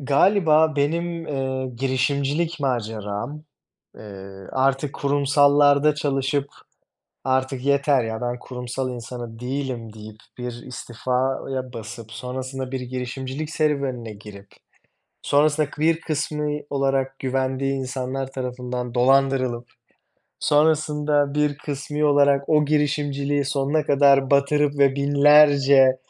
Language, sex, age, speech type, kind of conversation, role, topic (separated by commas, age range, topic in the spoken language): Turkish, male, 25-29, conversation, unstructured, none, Kendi hayatını anlatan bir film yapılsaydı, ne anlatırdı?